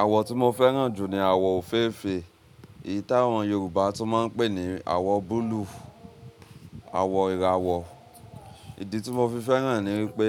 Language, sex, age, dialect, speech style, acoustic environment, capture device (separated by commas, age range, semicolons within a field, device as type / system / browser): Yoruba, male, 25-34, Nigeria - Ibadan, anxious, nature, mobile / Linux / Chrome